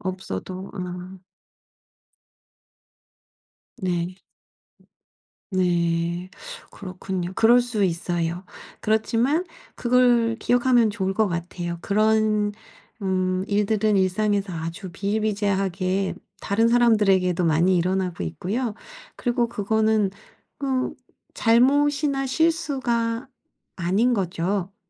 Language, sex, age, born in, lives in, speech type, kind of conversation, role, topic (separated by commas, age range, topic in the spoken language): Korean, female, 45-49, South Korea, South Korea, conversation, advice, advisor, 실수했을 때 빠르게 회복하려면 어떻게 해야 하나요?